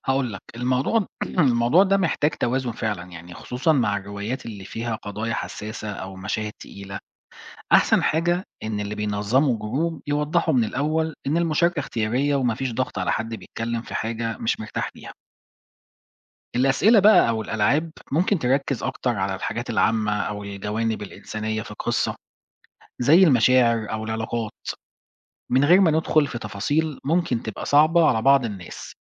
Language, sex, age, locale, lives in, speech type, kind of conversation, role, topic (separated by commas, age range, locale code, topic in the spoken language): Arabic, male, 40-44, ar-EG, Portugal, read, expert-assistant, expert, إزاي نخلي مناقشة الروايات أبسط وأريح لكل الناس؟